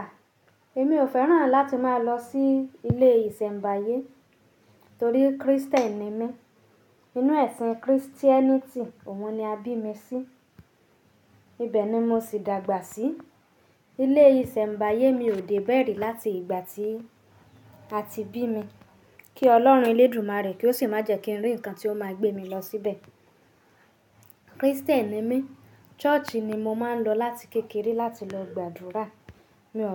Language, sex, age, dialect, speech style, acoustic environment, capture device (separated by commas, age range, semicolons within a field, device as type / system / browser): Yoruba, female, 25-34, Nigeria - Nigerian Standard, happy; nervous, silence, mobile / Linux / Chrome